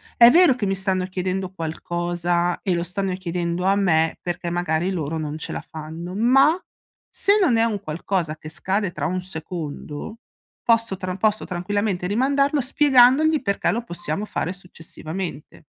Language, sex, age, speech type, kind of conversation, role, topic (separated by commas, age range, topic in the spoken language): Italian, female, 40-44, conversation, advice, advisor, Come posso gestire le aspettative al lavoro e imparare a dire di no in modo assertivo?